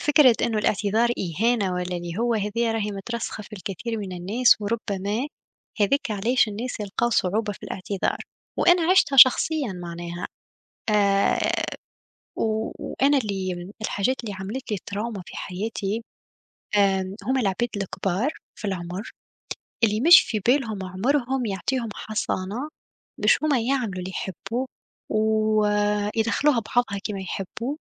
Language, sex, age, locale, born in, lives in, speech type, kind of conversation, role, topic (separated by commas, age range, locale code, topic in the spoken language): Arabic, female, 25-29, ar-TN, Tunisia, Germany, conversation, unstructured, none, تتصرف إزاي لما حد يرفض يعتذر؟